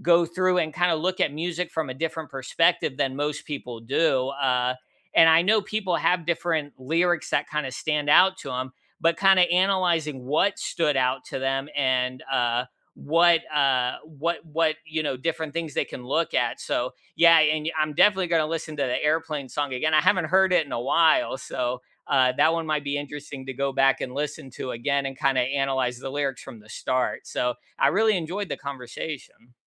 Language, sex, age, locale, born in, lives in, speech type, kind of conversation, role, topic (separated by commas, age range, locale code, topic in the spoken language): English, male, 35-39, en-US, United States, United States, conversation, unstructured, none, What song lyric has been sticking with you lately, and what about it resonates with you?